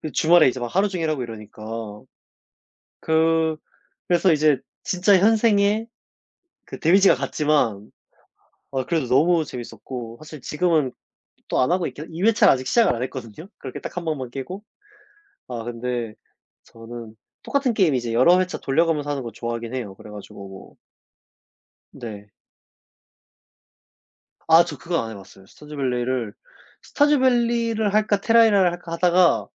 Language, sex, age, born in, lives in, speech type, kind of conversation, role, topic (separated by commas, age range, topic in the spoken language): Korean, male, 25-29, South Korea, South Korea, conversation, unstructured, none, 취미를 하면서 특히 기억에 남는 일이 있나요?